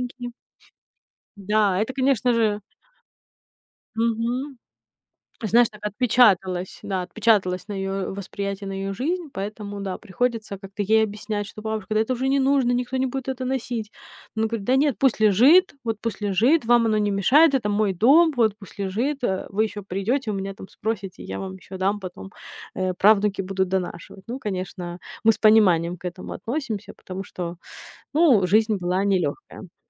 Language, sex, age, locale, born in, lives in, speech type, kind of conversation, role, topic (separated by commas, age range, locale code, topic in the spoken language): Russian, female, 30-34, ru-RU, Ukraine, United States, conversation, podcast, guest, Что для тебя значит говорить с бабушкой на её языке?